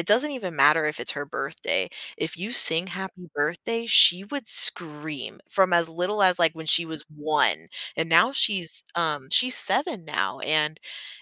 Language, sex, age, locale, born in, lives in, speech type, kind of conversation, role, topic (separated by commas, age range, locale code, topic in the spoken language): English, female, 18-19, en-US, United States, United States, conversation, podcast, guest, Why do shared celebrations play such an important role in building community and connection?